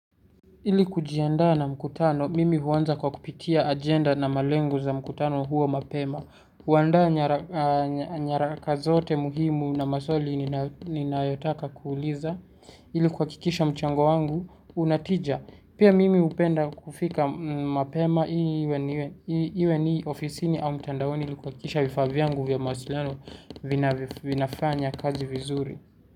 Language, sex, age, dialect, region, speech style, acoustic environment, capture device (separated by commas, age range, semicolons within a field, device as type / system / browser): Swahili, male, 18-24, Kenya - Nairobi Sheng-influenced, Kenya, focused, silence, mobile / Linux / Chrome